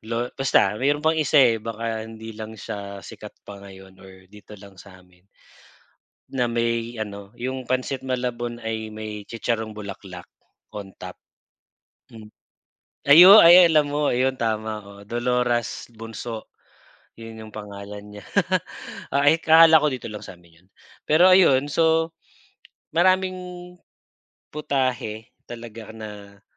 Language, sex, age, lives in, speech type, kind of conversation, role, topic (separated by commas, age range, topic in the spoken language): Filipino, male, 40-44, Philippines, conversation, unstructured, none, Paano mo ipinagdiriwang ang mga espesyal na okasyon sa pamamagitan ng pagkain?